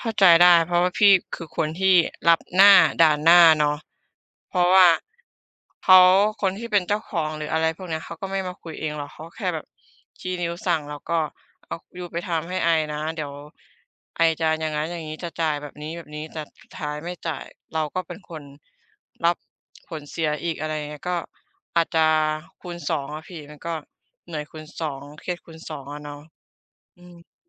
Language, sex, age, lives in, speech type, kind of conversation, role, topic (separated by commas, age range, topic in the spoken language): Thai, female, 25-29, Thailand, conversation, unstructured, none, เวลาคุณเจอปัญหาใหญ่ คุณมีวิธีจัดการอย่างไร?